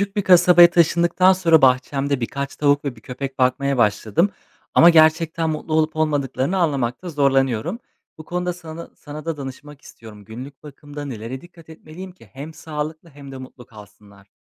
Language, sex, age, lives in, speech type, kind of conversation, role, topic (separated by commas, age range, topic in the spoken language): Turkish, male, 25-29, Poland, read, expert-assistant, asker, Hayvanların sağlığını ve mutluluğunu artırmak için günlük bakımda neler öğrenmek faydalı olur?